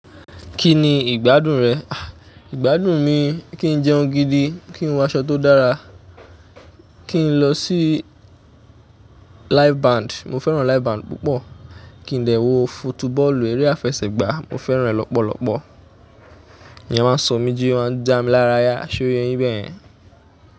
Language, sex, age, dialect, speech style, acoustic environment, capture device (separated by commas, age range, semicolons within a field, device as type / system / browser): Yoruba, male, 25-34, Nigeria - Ijebu, happy; excited; focused, nature, mobile / Linux / Chrome